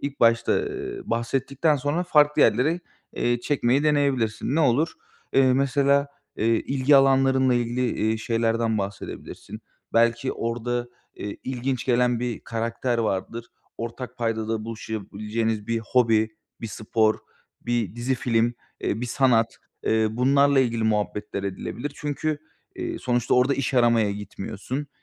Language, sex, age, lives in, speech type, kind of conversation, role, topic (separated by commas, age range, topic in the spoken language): Turkish, male, 25-29, Bulgaria, conversation, advice, advisor, Partilerde ve etkinliklerde sosyal olarak nasıl daha rahat hissedebilirim?